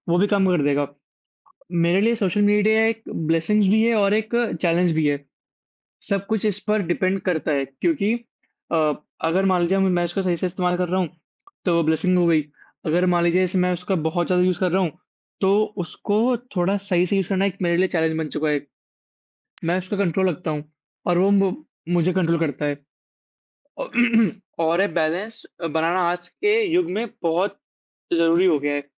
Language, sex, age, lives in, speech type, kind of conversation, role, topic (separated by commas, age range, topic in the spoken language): Hindi, male, 18-19, India, conversation, unstructured, none, आपके जीवन पर सामाजिक माध्यमों का क्या प्रभाव पड़ता है?